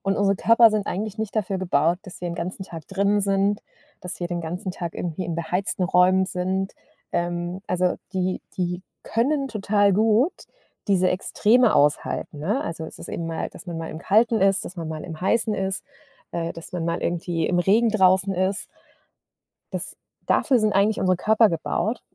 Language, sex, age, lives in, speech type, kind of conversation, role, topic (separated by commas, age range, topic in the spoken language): German, female, 40-44, Germany, conversation, podcast, guest, Wie stärkst du deine Resilienz im Alltag?